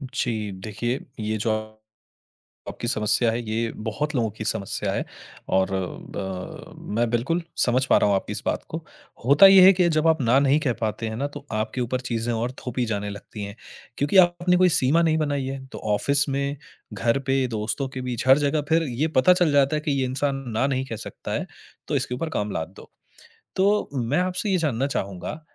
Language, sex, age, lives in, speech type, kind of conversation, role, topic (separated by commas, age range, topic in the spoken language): Hindi, male, 30-34, India, conversation, advice, advisor, दूसरों से 'ना' कहना मुश्किल लगता है